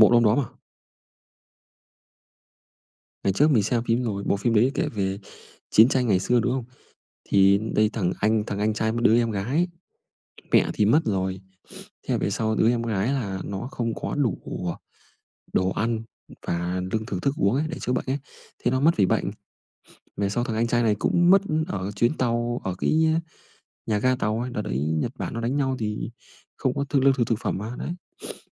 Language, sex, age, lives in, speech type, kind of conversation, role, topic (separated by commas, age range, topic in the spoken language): Vietnamese, male, 25-29, Vietnam, conversation, unstructured, none, Bạn có thể kể về bộ phim bạn thích nhất không?